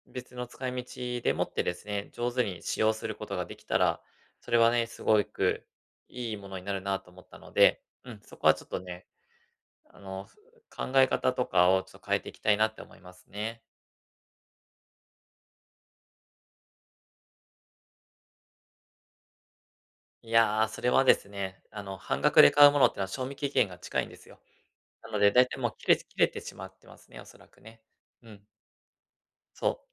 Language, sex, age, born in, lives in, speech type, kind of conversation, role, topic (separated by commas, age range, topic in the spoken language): Japanese, male, 35-39, Japan, Japan, conversation, advice, user, どうすれば浪費の習慣を改善し、持ち物にもっと満足できるようになりますか？